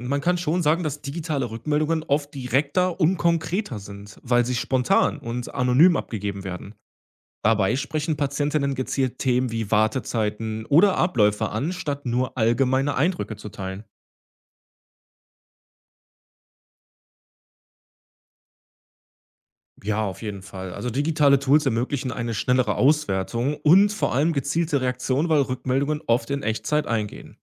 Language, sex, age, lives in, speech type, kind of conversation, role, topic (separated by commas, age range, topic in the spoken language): German, male, 30-34, Germany, read, expert-assistant, expert, Welche kleinen Gesten machen im Krankenhausalltag für Patientinnen und Patienten einen großen Unterschied?